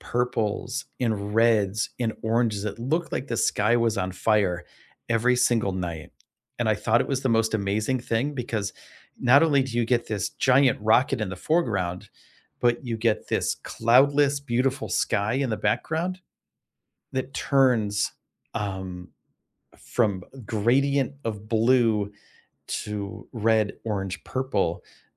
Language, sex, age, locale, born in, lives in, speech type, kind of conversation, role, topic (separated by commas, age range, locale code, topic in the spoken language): English, male, 45-49, en-US, United States, United States, conversation, unstructured, none, What is your favorite sunrise or sunset spot that you discovered while traveling?